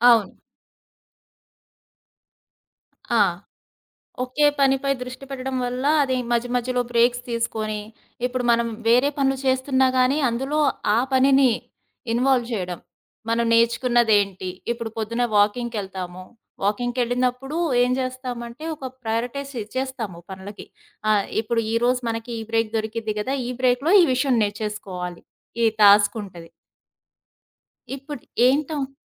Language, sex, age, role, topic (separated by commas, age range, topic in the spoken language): Telugu, female, 35-39, guest, తక్కువ సమయంలో ఎక్కువగా నేర్చుకోవడానికి మీ చిట్కాలు ఏమిటి?